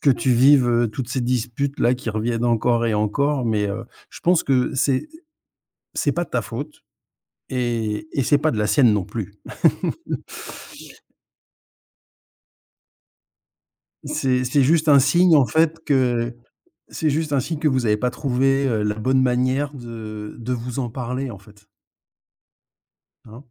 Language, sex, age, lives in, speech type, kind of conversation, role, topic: French, male, 50-54, Spain, conversation, advice, advisor, Pouvez-vous décrire une dispute récurrente qui ne trouve jamais de résolution ?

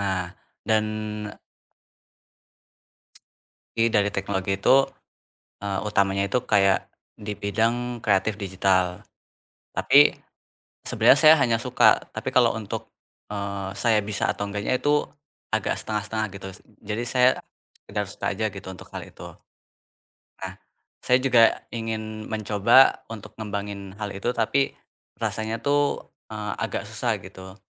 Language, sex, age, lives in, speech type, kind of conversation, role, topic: Indonesian, male, 20-24, Indonesia, conversation, advice, user, Bagaimana saya bisa membuat peta pengembangan keterampilan yang realistis?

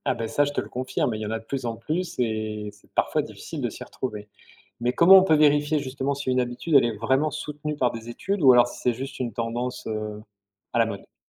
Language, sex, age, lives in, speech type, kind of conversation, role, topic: French, male, 45-49, France, read, expert-assistant, asker, Comment choisir quelles habitudes adopter quand on entend des messages différents sur la santé publique ?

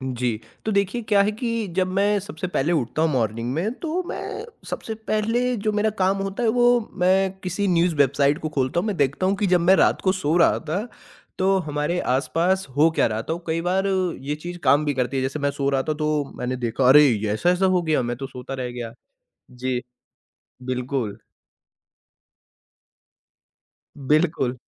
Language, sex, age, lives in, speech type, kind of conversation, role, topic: Hindi, male, 40-44, India, conversation, podcast, guest, Smartphone ne tumhari rozmarra ki zindagi kaise badal di hai?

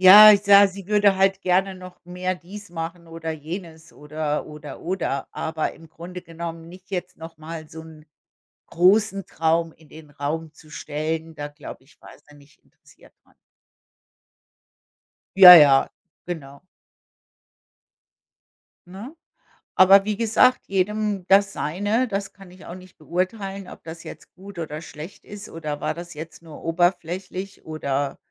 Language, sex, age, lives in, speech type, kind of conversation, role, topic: German, female, 55-59, United States, conversation, unstructured, none, Was denkst du über Menschen, die ihre Träume aufgeben?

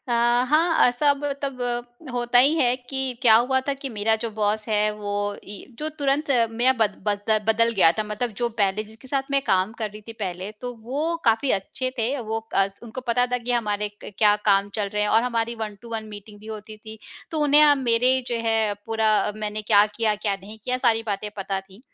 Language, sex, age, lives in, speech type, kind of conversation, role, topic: Hindi, female, 35-39, India, conversation, podcast, guest, ऑफिस में खुलकर बात करने का माहौल कैसा होना चाहिए?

